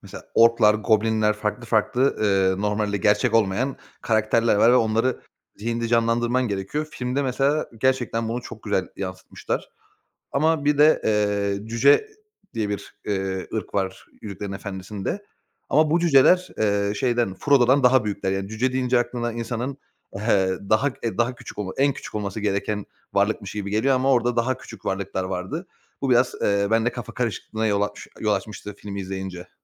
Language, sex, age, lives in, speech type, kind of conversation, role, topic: Turkish, male, 30-34, Bulgaria, conversation, podcast, guest, Bir kitabın dizi ya da filme uyarlanması hakkında ne düşünüyorsun?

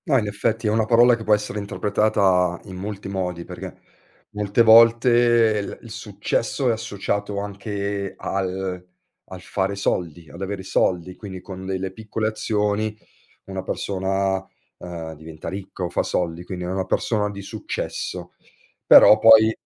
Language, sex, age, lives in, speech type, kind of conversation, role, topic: Italian, male, 40-44, Italy, conversation, podcast, host, Come definiresti il successo nella vita con parole semplici?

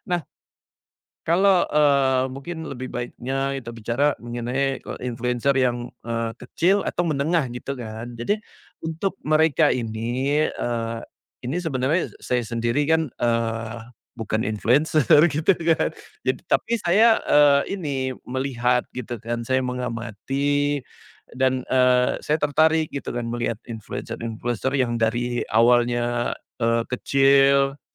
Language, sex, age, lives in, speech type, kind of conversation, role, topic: Indonesian, male, 40-44, Indonesia, conversation, podcast, guest, Menurutmu, apa yang membuat konten influencer cepat viral?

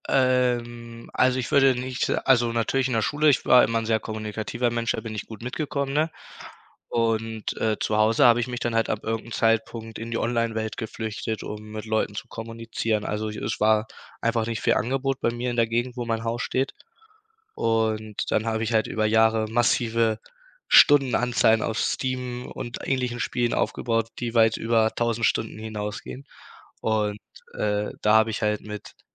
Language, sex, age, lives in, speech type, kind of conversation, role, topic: German, male, 18-19, Germany, conversation, podcast, guest, Wie war deine Kindheit zu Hause?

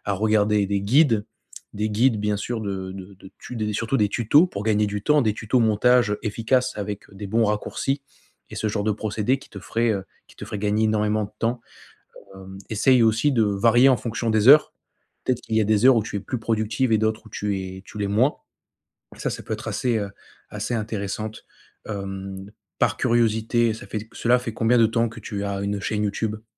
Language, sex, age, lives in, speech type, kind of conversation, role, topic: French, male, 25-29, France, conversation, advice, advisor, Comment puis-je sortir de la routine pour retrouver ma créativité et avoir de nouvelles idées ?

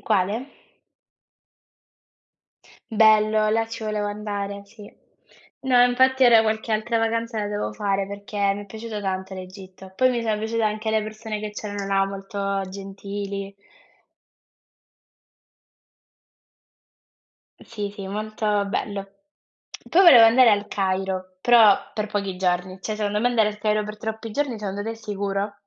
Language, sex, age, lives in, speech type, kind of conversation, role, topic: Italian, female, 20-24, Italy, conversation, unstructured, none, Cosa significa per te essere felice?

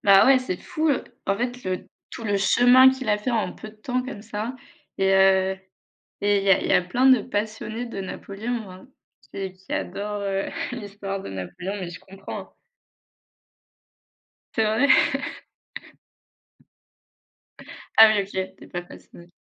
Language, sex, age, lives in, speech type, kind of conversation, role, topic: French, female, 25-29, France, conversation, unstructured, none, Selon toi, quelle époque historique serait la plus intéressante à vivre ?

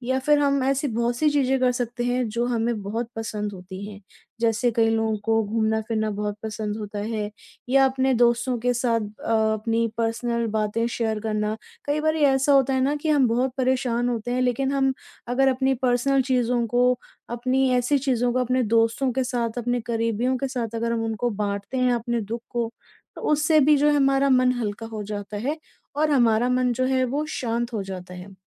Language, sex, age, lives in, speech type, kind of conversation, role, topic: Hindi, female, 30-34, India, conversation, advice, advisor, पल भर में मानसिक शांति पाने के लिए मैं अभी क्या कर सकता हूँ?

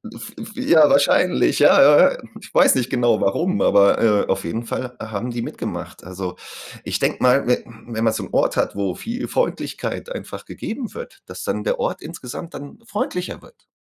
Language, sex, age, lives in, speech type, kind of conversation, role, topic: German, male, 40-44, Germany, conversation, unstructured, none, Welche Rolle spielt Freundlichkeit im Alltag?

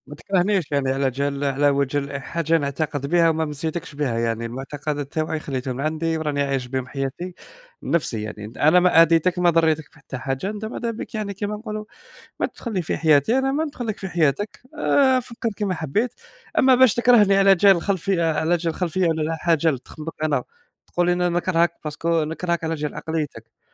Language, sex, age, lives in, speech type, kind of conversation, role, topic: Arabic, male, 20-24, Germany, conversation, unstructured, none, إزاي الدين يقدر يقرّب الناس من بعض بدل ما يفرّقهم؟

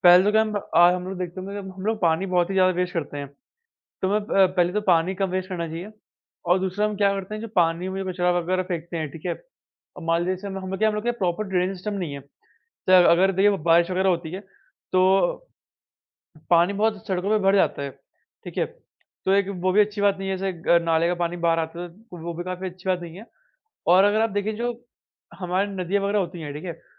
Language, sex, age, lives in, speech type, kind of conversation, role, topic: Hindi, male, 45-49, India, conversation, unstructured, none, जल प्रदूषण को कम करने के लिए हम क्या कर सकते हैं?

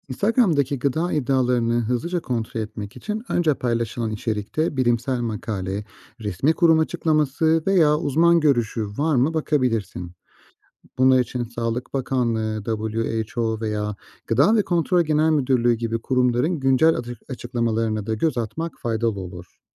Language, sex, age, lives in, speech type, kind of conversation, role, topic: Turkish, male, 35-39, Austria, read, expert-assistant, expert, Gıdalarla ilgili çıkan haberlerin güvenilir olup olmadığını nasıl anlarız?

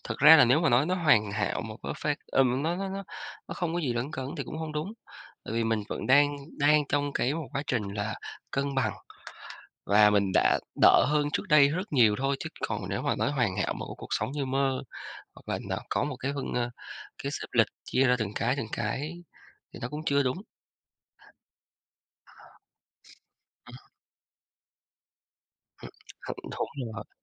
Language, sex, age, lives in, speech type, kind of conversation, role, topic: Vietnamese, male, 35-39, Vietnam, conversation, podcast, guest, Làm sao bạn cân bằng việc học với công việc và gia đình?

